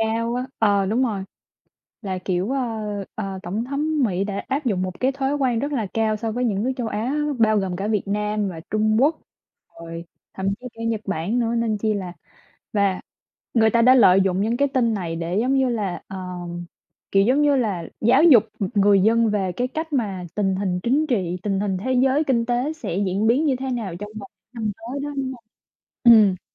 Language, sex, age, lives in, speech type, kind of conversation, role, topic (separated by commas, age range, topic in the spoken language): Vietnamese, male, 20-24, United States, conversation, unstructured, none, Tin giả lan truyền nhanh có gây hại cho xã hội không?